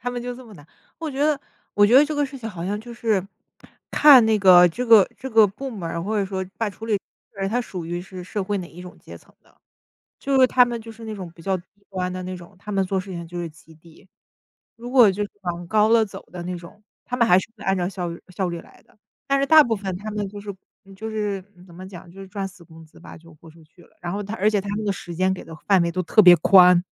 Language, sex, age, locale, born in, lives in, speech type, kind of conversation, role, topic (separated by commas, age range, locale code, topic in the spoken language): Chinese, female, 30-34, zh-CN, China, United States, conversation, unstructured, none, 为什么有些人总是拖延，迟迟不开始做事？